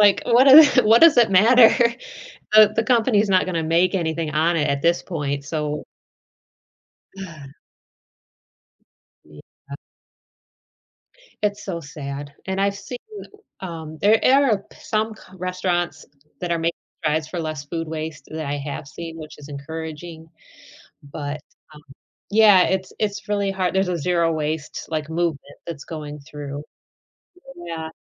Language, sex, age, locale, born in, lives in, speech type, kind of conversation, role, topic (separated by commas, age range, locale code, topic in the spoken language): English, female, 40-44, en-US, United States, United States, conversation, podcast, host, In what ways is climate change reshaping our environment and daily lives?